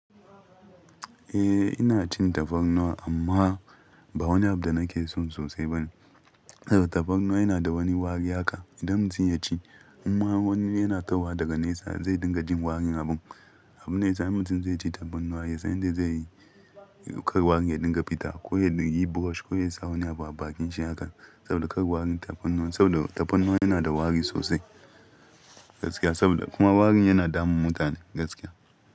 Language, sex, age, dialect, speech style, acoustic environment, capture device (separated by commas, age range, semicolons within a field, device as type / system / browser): Hausa, male, 25-34, Nigeria - Ibadan, happy, appliances, mobile / Linux / Chrome